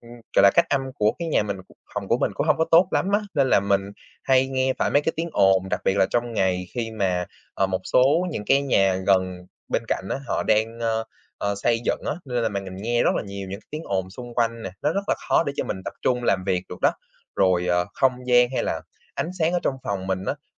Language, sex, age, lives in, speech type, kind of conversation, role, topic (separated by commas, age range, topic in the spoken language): Vietnamese, male, 20-24, Vietnam, conversation, advice, user, Bạn có nên đổi môi trường làm việc để sáng tạo hơn không?